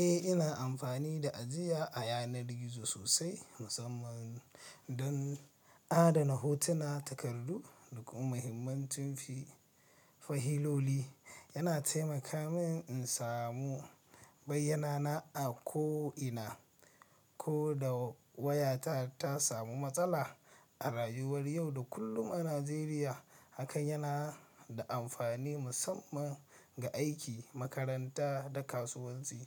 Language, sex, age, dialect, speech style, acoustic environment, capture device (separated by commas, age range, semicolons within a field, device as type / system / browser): Hausa, male, 25-34, Nigeria - Nigerian Standard, happy, traffic, mobile / Linux / Chrome